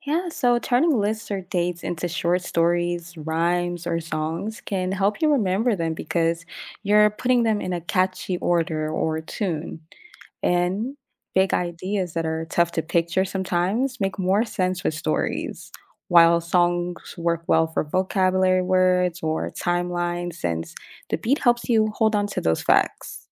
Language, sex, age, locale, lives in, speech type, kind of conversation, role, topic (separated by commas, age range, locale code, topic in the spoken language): English, female, 25-29, en-US, United States, read, expert-assistant, expert, What are some techniques for remembering important information?